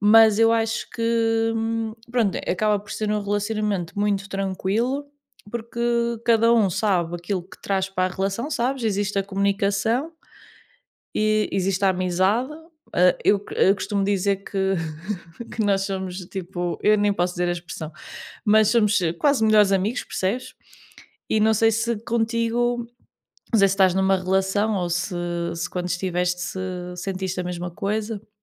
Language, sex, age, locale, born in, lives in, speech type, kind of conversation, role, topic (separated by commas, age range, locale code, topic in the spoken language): Portuguese, female, 30-34, pt-PT, Portugal, Portugal, conversation, unstructured, none, O que você acha importante em um relacionamento saudável?